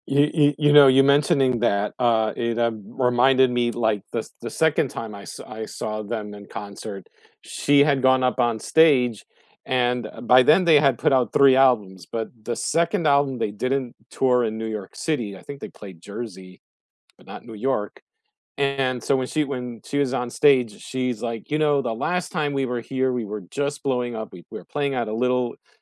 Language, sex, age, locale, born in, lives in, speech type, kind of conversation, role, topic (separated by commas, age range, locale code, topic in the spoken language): English, male, 45-49, en-US, United States, United States, conversation, unstructured, none, What is the most unforgettable live performance—concert or theater—you’ve ever experienced, and why did it stay with you?